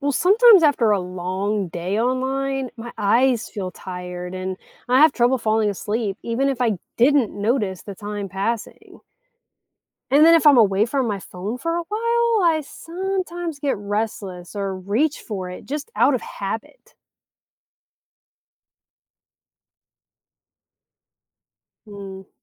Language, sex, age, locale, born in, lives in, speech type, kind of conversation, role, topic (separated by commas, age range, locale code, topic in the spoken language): English, female, 35-39, en-US, United States, United States, read, expert-assistant, asker, What are effective ways to manage your screen time and prevent digital burnout?